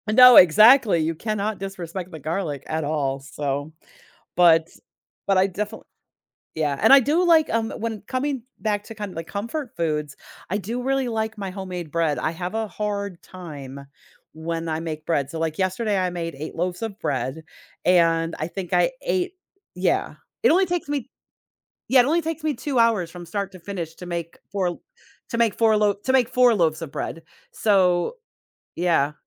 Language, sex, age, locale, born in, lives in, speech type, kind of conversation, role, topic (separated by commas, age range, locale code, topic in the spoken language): English, female, 50-54, en-US, United States, United States, conversation, podcast, guest, How do our backgrounds and experiences shape what foods bring us comfort?